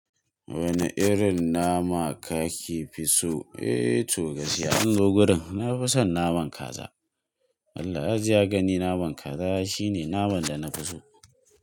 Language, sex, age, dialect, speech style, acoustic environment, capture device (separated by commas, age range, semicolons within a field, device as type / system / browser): Hausa, male, 18-24, Nigeria - Kano, relaxed, nature, mobile / Linux / Chrome